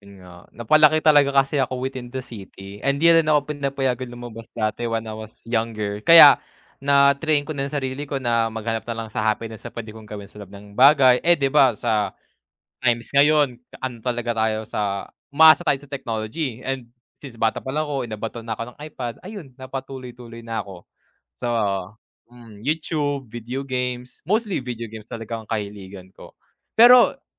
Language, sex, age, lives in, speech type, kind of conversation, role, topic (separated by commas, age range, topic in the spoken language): Filipino, male, 20-24, Philippines, conversation, unstructured, none, Anong simpleng gawain ang nagpapasaya sa araw mo?